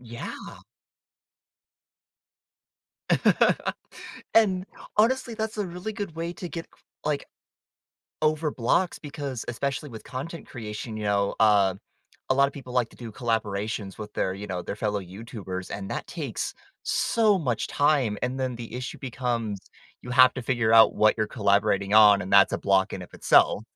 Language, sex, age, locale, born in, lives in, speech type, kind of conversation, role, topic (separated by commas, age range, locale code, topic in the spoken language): English, male, 20-24, en-US, United States, United States, conversation, podcast, host, What helps you find inspiration again when your creativity feels stuck?